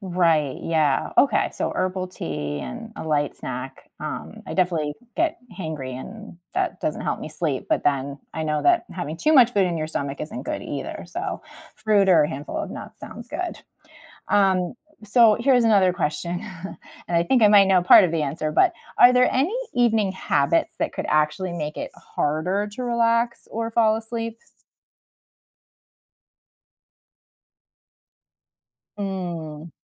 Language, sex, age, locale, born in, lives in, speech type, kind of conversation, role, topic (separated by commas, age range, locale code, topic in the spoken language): English, female, 40-44, en-US, United States, United States, read, expert-assistant, asker, What are some effective ways to manage stress in daily life?